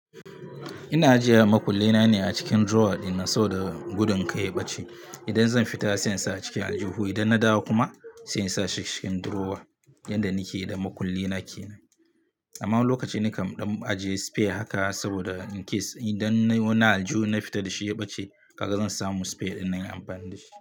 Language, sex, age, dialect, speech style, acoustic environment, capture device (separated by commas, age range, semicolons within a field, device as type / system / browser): Hausa, male, 25-34, Nigeria - Katsina, happy; relaxed, nature; music, mobile / Linux / Chrome